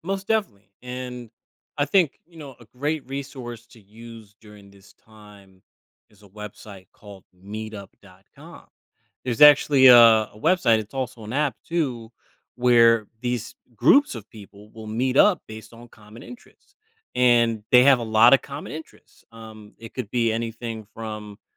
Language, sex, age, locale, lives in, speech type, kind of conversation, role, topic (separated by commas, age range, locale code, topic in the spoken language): English, male, 35-39, en-US, United States, conversation, advice, advisor, How can I manage mixed emotions after a major life change?